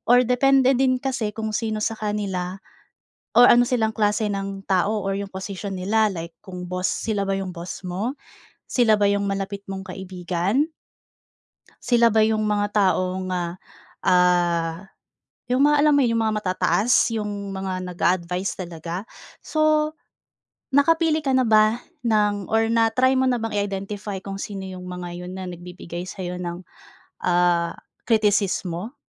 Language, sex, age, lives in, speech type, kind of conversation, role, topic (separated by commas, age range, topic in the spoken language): Filipino, female, 25-29, Philippines, conversation, advice, advisor, Paano ko pamamahalaan ang aking emosyon pagkatapos makatanggap ng kritisismo?